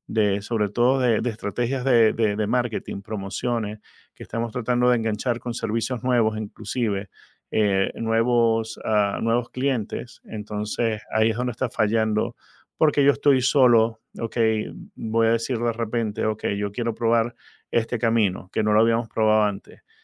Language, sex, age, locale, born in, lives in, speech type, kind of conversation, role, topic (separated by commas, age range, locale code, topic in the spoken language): Spanish, male, 50-54, es-VE, Venezuela, United States, conversation, advice, user, ¿Cómo vives la soledad y la falta de apoyo emocional al dirigir tu empresa?